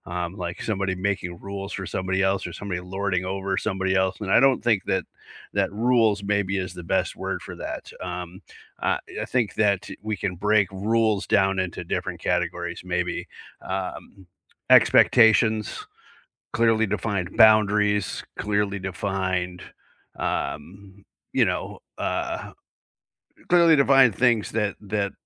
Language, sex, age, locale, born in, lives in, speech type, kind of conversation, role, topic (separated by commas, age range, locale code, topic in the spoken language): English, male, 50-54, en-US, United States, United States, conversation, unstructured, none, Do clearly defined relationship rules prevent fights, or do they undermine spontaneity and intimacy?